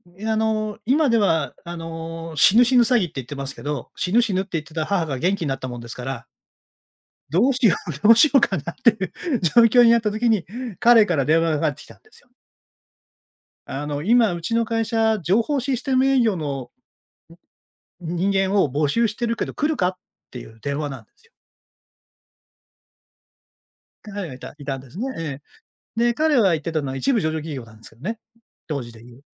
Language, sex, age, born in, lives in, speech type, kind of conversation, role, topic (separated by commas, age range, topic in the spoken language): Japanese, male, 60-64, Japan, Japan, conversation, podcast, guest, 偶然の出会いで人生が変わったことはありますか？